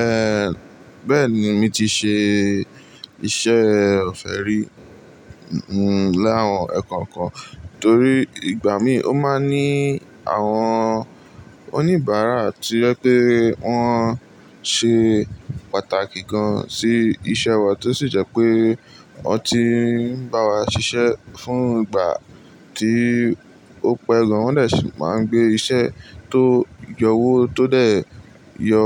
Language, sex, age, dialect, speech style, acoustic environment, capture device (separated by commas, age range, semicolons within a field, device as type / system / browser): Yoruba, male, 18-24, Nigeria - Lagos Yoruba, relaxed, appliances, mobile / Linux / Chrome